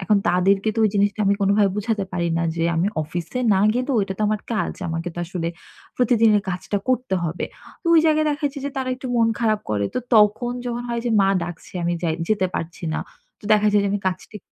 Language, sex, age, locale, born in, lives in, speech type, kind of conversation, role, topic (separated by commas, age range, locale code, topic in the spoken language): Bengali, female, 25-29, bn-BD, Bangladesh, Bangladesh, conversation, advice, user, অবসর নেওয়ার সময় কেন আমি সবসময় দোষবোধ অনুভব করি?